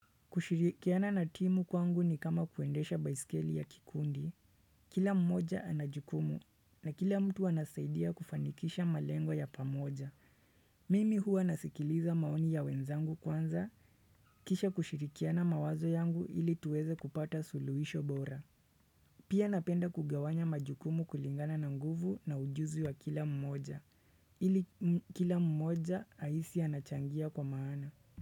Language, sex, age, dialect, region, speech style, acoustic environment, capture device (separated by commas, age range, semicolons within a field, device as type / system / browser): Swahili, male, 25-34, Kenya - Nairobi Sheng-influenced, Kenya, relaxed; focused, silence; nature, desktop / Windows / Chrome